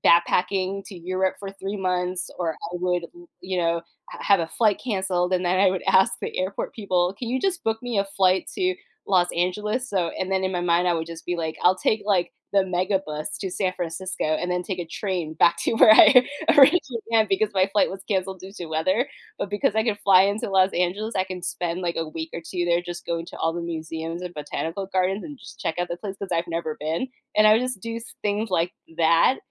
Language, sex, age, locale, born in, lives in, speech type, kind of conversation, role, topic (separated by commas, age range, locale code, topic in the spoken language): English, female, 35-39, en-US, United States, United States, conversation, unstructured, none, How do you decide when to challenge yourself versus staying in your comfort zone?